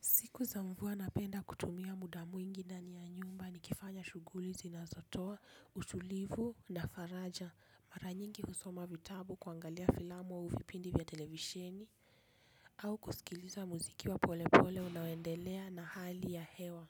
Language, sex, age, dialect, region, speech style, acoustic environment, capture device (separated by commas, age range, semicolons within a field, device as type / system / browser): Swahili, female, 25-34, Kenya - Nairobi Sheng-influenced, Kenya, happy; relaxed; focused, people talking; traffic; construction, desktop / Linux / Chrome